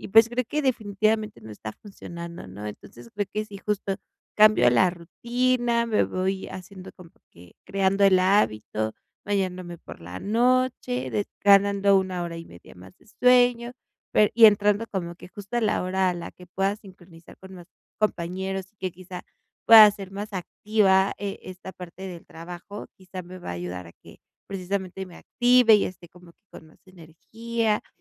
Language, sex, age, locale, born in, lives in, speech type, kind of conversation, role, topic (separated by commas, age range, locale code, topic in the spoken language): Spanish, female, 25-29, es-MX, Mexico, Mexico, conversation, advice, user, ¿Cómo puedo despertarme con más energía cada mañana?